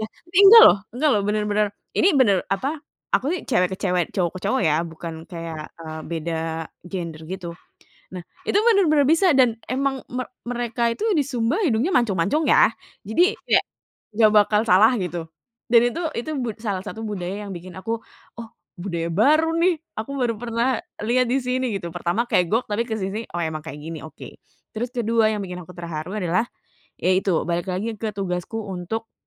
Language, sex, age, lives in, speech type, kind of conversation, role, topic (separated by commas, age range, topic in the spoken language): Indonesian, female, 30-34, Indonesia, conversation, podcast, guest, Apa pengalaman paling menyentuh yang pernah kamu alami saat bertemu orang baru ketika sedang bepergian?